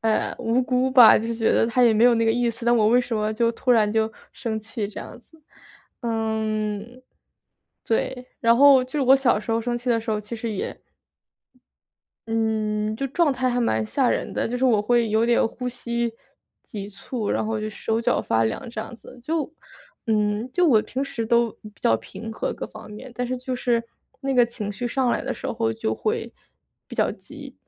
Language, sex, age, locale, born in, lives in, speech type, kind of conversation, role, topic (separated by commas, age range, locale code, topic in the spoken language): Chinese, female, 25-29, zh-CN, China, United States, conversation, advice, user, 我在情绪被触发时，怎样才能更好地调节自己的情绪？